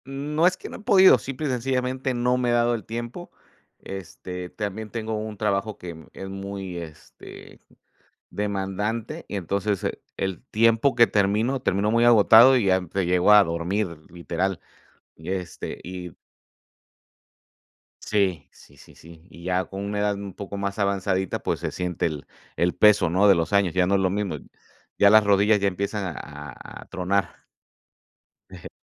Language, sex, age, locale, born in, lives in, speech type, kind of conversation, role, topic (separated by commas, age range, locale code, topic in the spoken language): Spanish, male, 45-49, es-MX, Mexico, Mexico, conversation, unstructured, none, ¿Qué hábito pequeño te ha cambiado la vida?